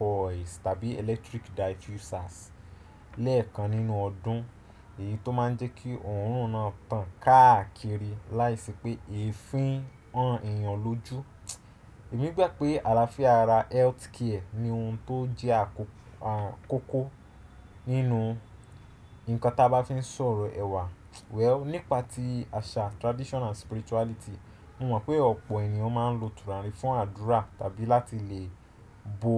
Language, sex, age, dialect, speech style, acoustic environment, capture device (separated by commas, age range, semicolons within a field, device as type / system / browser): Yoruba, male, 25-34, Nigeria - Nigerian Standard, relaxed, silence, desktop / Windows / Chrome